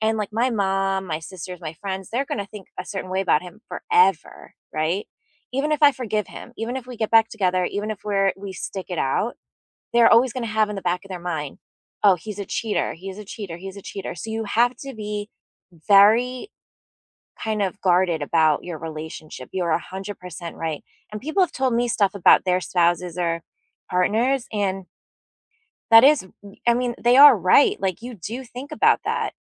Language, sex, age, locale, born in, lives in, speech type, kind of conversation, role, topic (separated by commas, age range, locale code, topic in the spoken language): English, female, 35-39, en-US, United States, United States, conversation, unstructured, none, Should you be completely open about how you have really been feeling lately?